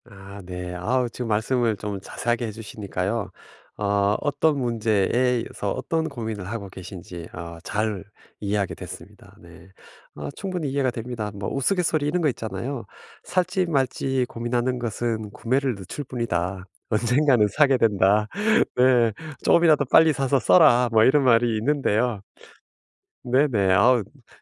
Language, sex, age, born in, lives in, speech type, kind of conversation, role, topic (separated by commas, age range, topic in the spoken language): Korean, male, 50-54, South Korea, United States, conversation, advice, advisor, 예산 안에서 품질 좋은 물건을 어떻게 찾을 수 있을까요?